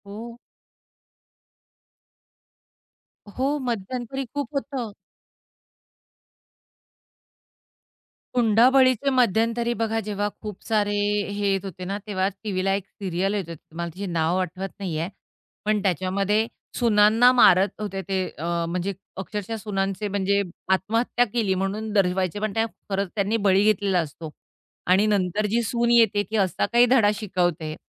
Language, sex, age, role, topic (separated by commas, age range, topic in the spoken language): Marathi, female, 45-49, guest, टीव्ही मालिका नातेसंबंध आणि कुटुंबाबद्दल आपल्या विचारांना कसे आकार देतात?